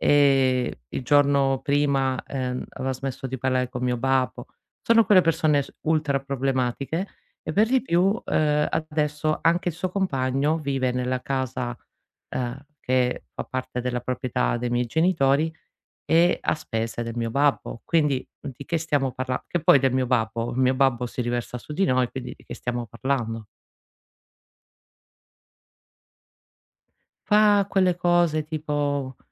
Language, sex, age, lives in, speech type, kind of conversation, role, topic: Italian, female, 40-44, Italy, conversation, advice, user, Come posso interrompere i conflitti ricorrenti con la mia famiglia?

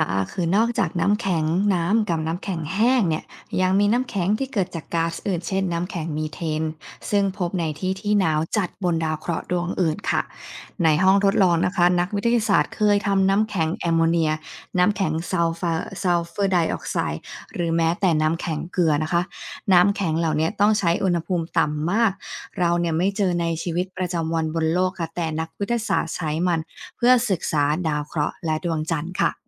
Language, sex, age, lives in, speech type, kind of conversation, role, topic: Thai, female, 35-39, United States, read, expert-assistant, expert, เหตุใดน้ำแข็งลอยน้ำได้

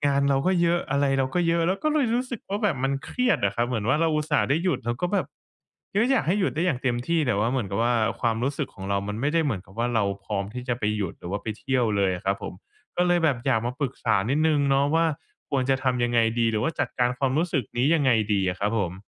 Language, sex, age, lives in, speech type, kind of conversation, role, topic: Thai, male, 25-29, Thailand, conversation, advice, user, ฉันควรจัดการความเครียดระหว่างวันหยุดหรือทริปอย่างไรเพื่อให้ยังคงทำกิจวัตรสุขภาพได้?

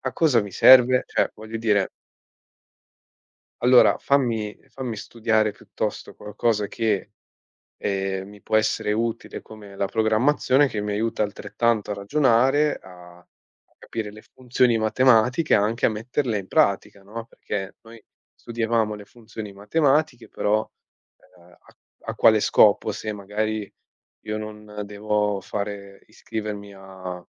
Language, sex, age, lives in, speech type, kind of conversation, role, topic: Italian, male, 25-29, Italy, conversation, unstructured, none, È giusto assegnare molti compiti a casa?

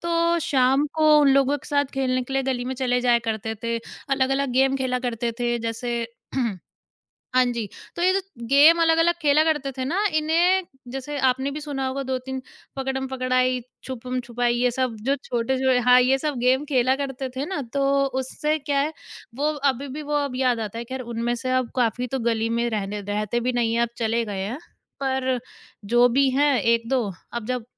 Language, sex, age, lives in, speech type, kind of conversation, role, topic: Hindi, female, 20-24, India, conversation, podcast, guest, बचपन की कौन-सी याद आज भी आपके दिल को छू जाती है?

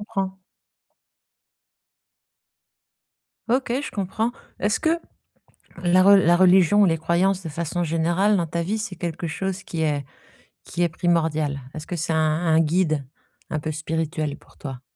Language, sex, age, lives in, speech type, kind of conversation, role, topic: French, female, 50-54, France, conversation, advice, advisor, Comment puis-je faire en sorte que mes habitudes reflètent vraiment mes valeurs personnelles ?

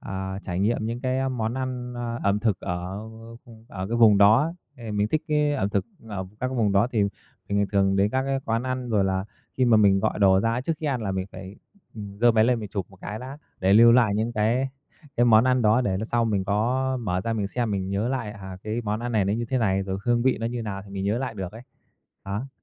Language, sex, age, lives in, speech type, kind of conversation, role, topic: Vietnamese, male, 30-34, Vietnam, conversation, podcast, guest, Bạn thích chụp ảnh thì thường chụp những gì?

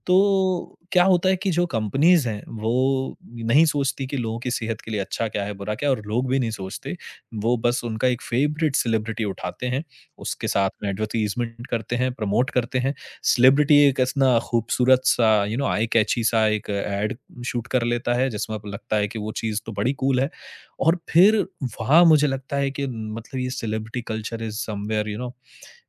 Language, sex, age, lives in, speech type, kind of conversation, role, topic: Hindi, male, 30-34, India, conversation, podcast, guest, Celebrity culture hamare rozmarra ki zindagi par kya asar daalta hai?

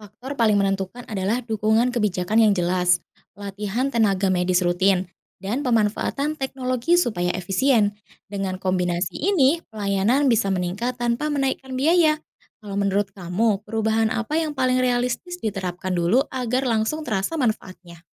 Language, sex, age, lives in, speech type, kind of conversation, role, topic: Indonesian, female, 30-34, Indonesia, read, expert-assistant, expert, Lebih baik mana, rumah sakit dikelola langsung oleh pemerintah atau oleh swasta dari sisi pelayanan dan kenyamanan pasien?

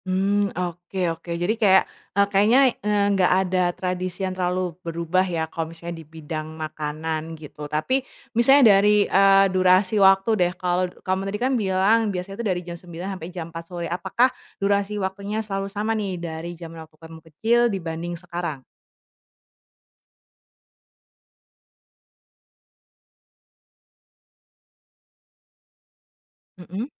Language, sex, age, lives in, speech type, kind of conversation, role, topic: Indonesian, female, 25-29, Indonesia, conversation, podcast, host, Bisakah kamu menceritakan pengalaman paling berkesan saat tradisi keluarga berubah?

